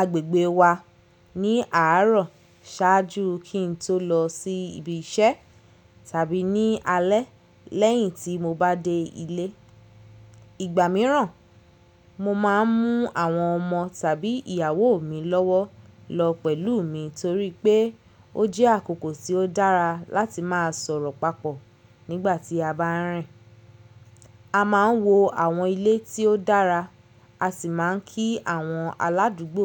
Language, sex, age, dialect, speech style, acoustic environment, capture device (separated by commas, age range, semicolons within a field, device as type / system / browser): Yoruba, female, 25-34, Nigeria - Lagos Yoruba, happy; focused, nature, mobile / Linux / Chrome